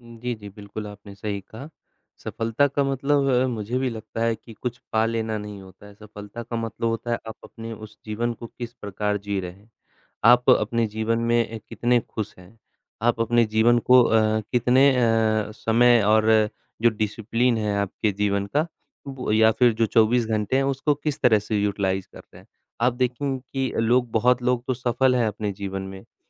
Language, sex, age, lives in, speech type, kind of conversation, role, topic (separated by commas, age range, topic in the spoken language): Hindi, male, 25-29, India, conversation, unstructured, none, सफलता पाने के लिए आपके अनुसार सबसे ज़रूरी चीज़ क्या है?